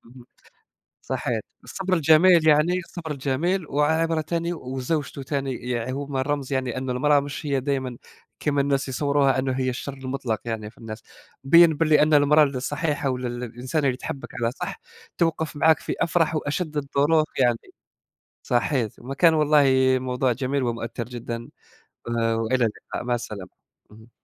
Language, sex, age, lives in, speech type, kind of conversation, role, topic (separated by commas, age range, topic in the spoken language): Arabic, male, 20-24, Germany, conversation, unstructured, none, إيه أجمل قصة دينية سمعتها وأثّرت فيك؟